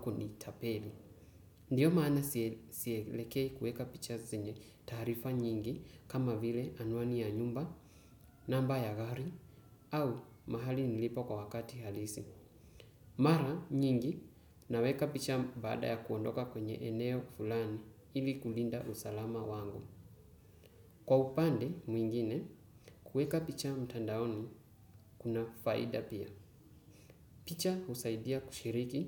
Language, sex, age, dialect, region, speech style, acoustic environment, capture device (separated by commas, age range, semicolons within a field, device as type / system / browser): Swahili, male, 18-24, Kenya - Mombasa, Kenya, focused, silence, desktop / Windows / Chrome